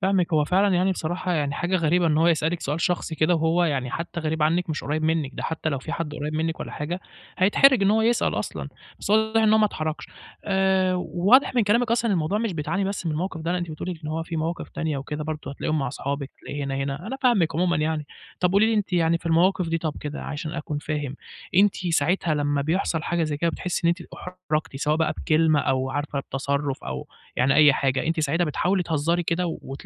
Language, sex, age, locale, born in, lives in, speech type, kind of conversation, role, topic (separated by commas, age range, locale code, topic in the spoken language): Arabic, male, 20-24, ar-EG, Egypt, Egypt, conversation, advice, advisor, إزاي أتصرف لما ألاقي نفسي في موقف اجتماعي مُحرج قدّام صحابي؟